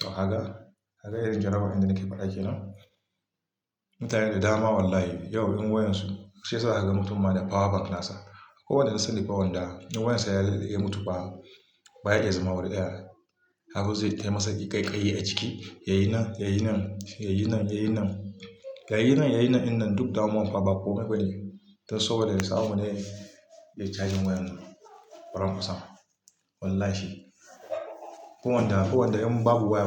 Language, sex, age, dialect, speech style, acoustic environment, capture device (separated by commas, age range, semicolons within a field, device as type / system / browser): Hausa, male, 35-44, Nigeria - Kano, relaxed, nature, mobile / Linux / Chrome